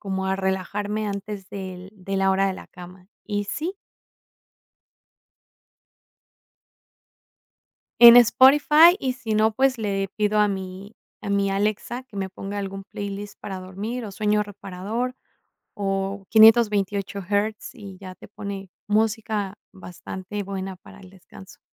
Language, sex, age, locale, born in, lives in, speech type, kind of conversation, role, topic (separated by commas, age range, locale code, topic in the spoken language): Spanish, female, 35-39, es-MX, Mexico, Mexico, conversation, podcast, guest, ¿Cuál es tu ritual para desconectar por la noche?